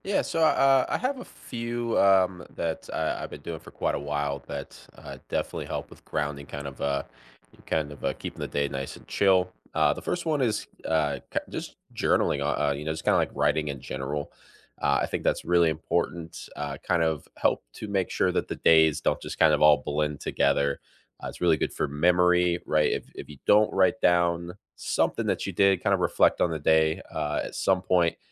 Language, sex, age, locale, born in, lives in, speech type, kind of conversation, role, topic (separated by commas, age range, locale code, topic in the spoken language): English, male, 25-29, en-US, United States, United States, conversation, unstructured, none, What simple rituals have been helping you feel grounded lately?